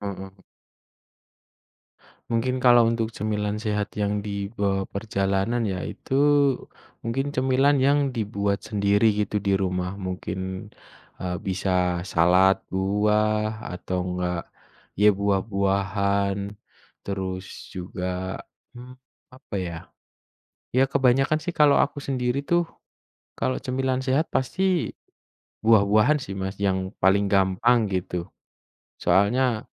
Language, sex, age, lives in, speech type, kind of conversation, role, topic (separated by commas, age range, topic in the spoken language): Indonesian, male, 25-29, Indonesia, conversation, unstructured, none, Apa camilan yang selalu kamu bawa saat bepergian?